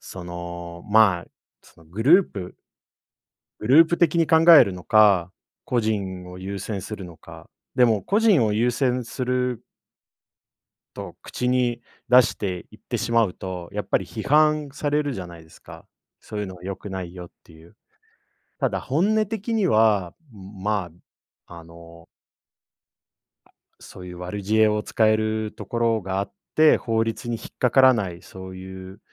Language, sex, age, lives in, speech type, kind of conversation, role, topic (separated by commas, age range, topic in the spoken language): Japanese, male, 30-34, Japan, conversation, unstructured, none, 迷惑行為をしている人には、どのように声をかけますか？